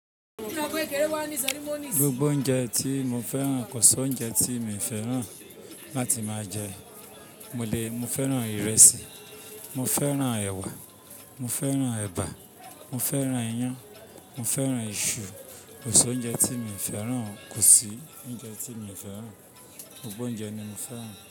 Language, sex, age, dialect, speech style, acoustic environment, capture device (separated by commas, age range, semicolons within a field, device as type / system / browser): Yoruba, male, 25-34, Nigeria - Ibadan, happy; anxious; tired; stressed; relaxed; excited; nervous; focused, people talking; music; nature; silence; appliances; construction; traffic, mobile / Linux / Chrome